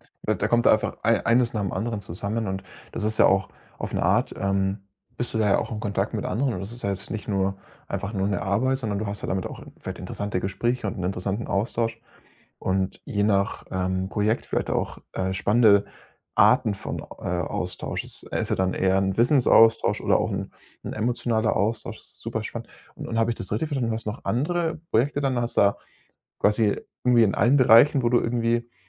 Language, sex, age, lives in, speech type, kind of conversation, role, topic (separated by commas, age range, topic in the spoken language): German, male, 25-29, Germany, conversation, podcast, host, Wie bist du eher zufällig an deinen jetzigen Job gekommen?